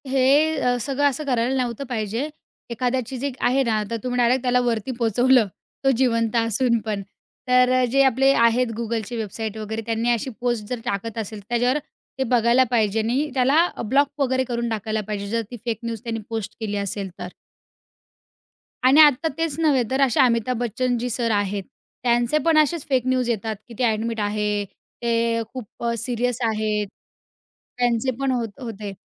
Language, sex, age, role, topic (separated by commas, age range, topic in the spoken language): Marathi, female, 20-24, guest, फेक न्यूजपासून स्वतःला सुरक्षित ठेवण्यासाठी कोणती साधी पावले उचलावीत?